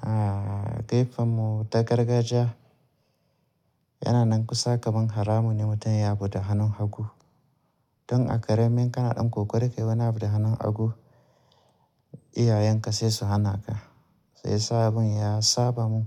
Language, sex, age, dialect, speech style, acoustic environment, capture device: Hausa, male, 35-44, Nigeria - Kano, happy, appliances; nature; people talking, mobile / Linux / Chrome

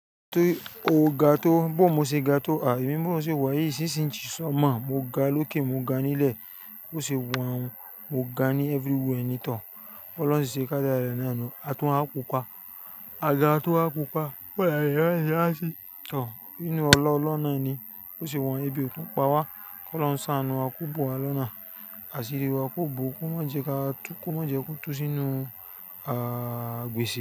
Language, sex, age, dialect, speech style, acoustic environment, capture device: Yoruba, male, 35-44, Nigeria - Lagos Yoruba, excited; relaxed, nature; people talking, mobile / Linux / Chrome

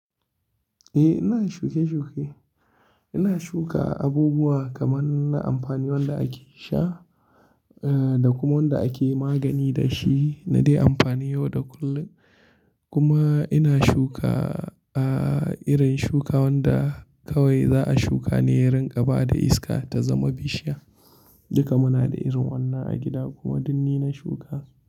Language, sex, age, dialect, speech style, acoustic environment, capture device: Hausa, male, 25-34, Nigeria - Kano, happy; anxious; tired; relaxed; stressed; focused; excited; nervous, people talking; music; nature; silence; appliances; construction; traffic, mobile / Linux / Chrome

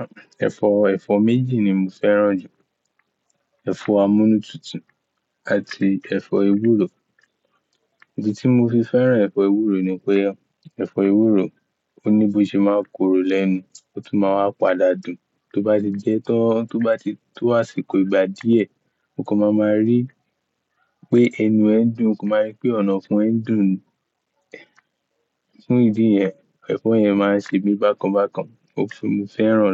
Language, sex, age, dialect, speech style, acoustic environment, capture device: Yoruba, male, 18-24, Nigeria - Ibadan, focused, silence, mobile / Linux / Chrome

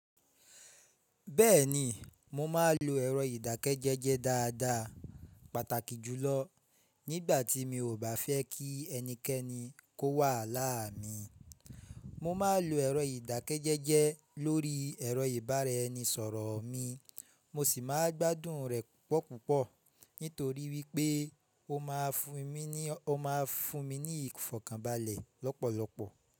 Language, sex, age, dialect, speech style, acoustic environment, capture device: Yoruba, male, 25-34, Nigeria - Ibadan, happy; relaxed; focused; excited, nature; appliances, mobile / Linux / Chrome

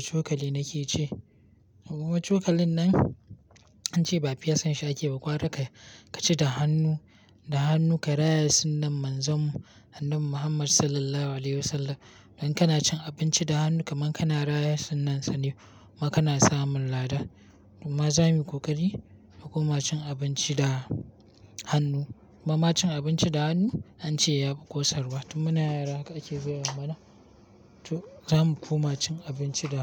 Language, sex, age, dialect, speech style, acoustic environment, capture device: Hausa, male, 18-24, Nigeria - Kano, happy, nature; silence; appliances, mobile / Linux / Chrome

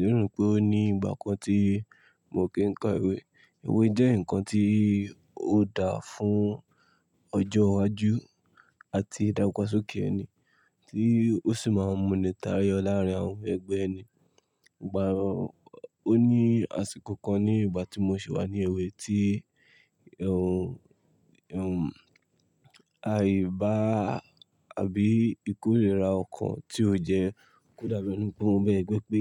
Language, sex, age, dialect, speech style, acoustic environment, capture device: Yoruba, male, 25-34, Nigeria - Lagos Yoruba, relaxed, nature, mobile / Linux / Chrome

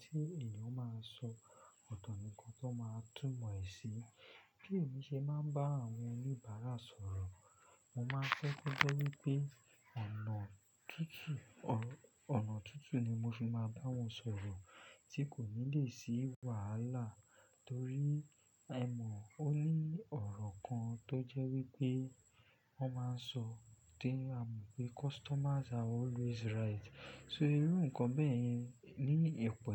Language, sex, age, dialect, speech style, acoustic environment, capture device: Yoruba, male, 25-34, Nigeria - Lagos Yoruba, focused, silence; nature, desktop / Linux / Chrome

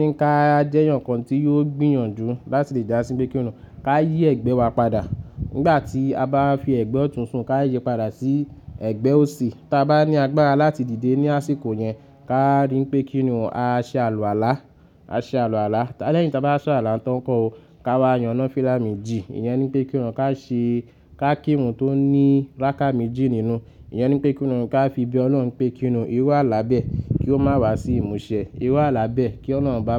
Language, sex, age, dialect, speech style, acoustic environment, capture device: Yoruba, male, 25-34, Nigeria - Lagos Yoruba, happy, nature, mobile / Linux / Chrome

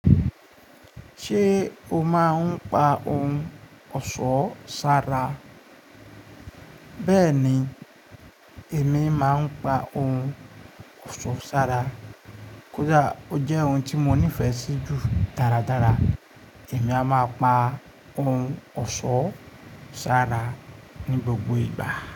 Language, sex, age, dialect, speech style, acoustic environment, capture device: Yoruba, male, 25-34, Nigeria - Ibadan, relaxed, appliances; nature, mobile / Linux / Chrome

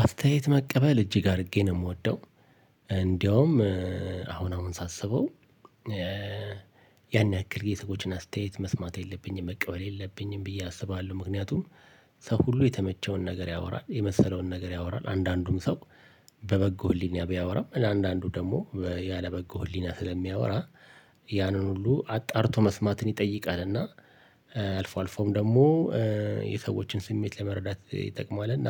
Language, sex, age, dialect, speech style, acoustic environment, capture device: Amharic, male, 45-54, Ethiopia - Addis Ababa, relaxed, appliances, mobile / Linux / Chrome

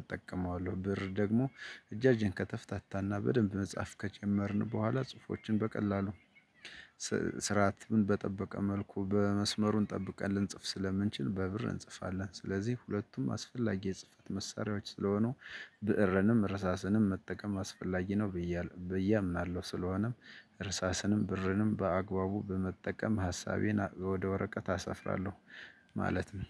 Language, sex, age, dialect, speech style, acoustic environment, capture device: Amharic, male, 35-44, Ethiopia - Gojjam, relaxed; excited; focused, nature, desktop / Linux / Chrome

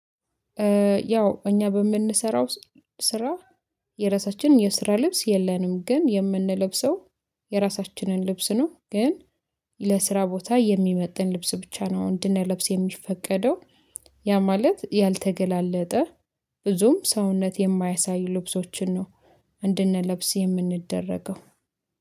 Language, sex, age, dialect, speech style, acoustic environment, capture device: Amharic, female, 25-34, Ethiopia - Addis Ababa, focused; happy, silence, mobile / Linux / Chrome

